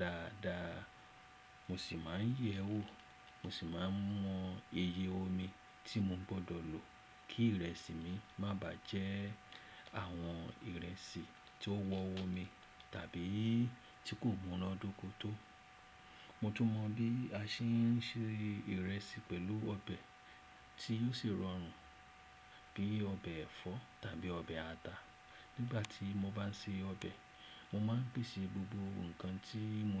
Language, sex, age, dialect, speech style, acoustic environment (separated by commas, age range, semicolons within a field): Yoruba, male, 35-44, Nigeria - Lagos Yoruba, happy; relaxed; excited; focused, silence